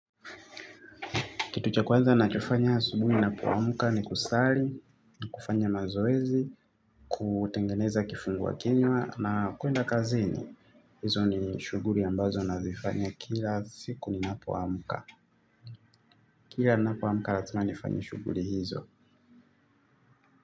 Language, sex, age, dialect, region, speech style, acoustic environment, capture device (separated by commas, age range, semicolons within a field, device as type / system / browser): Swahili, male, 25-34, Tanzania - Dar es Salaam, Tanzania, happy; excited; focused, traffic; silence, mobile / Linux / Chrome